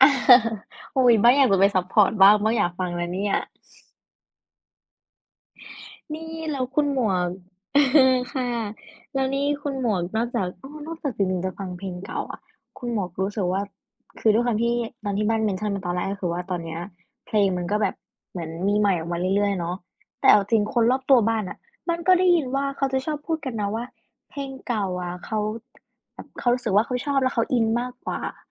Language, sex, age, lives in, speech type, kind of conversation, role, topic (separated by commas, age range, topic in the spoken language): Thai, female, 20-24, Thailand, conversation, unstructured, none, คุณชอบฟังเพลงเก่าๆ เพลงไหนที่ทำให้คุณคิดถึงอดีตมากที่สุด?